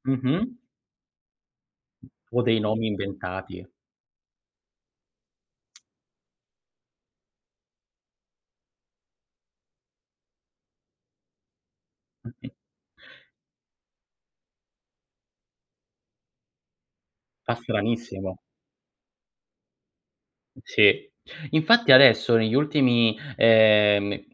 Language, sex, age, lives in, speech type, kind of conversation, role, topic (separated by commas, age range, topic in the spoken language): Italian, male, 30-34, Italy, conversation, unstructured, none, Cosa pensi della censura nei film e nelle serie TV?